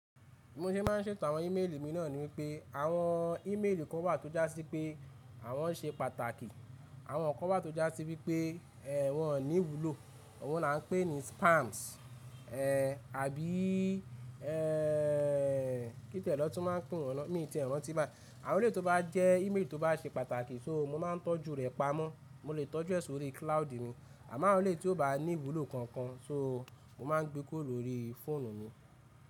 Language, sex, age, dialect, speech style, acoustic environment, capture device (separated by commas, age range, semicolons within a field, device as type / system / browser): Yoruba, male, 25-34, Nigeria - Ibadan, excited, nature, mobile / Linux / Chrome